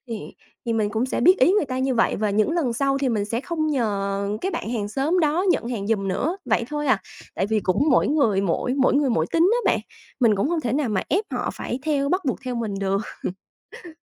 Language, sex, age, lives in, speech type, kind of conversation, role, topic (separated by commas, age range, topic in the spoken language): Vietnamese, female, 30-34, Vietnam, conversation, podcast, guest, Bạn thường làm gì để kết nối với hàng xóm xung quanh mình?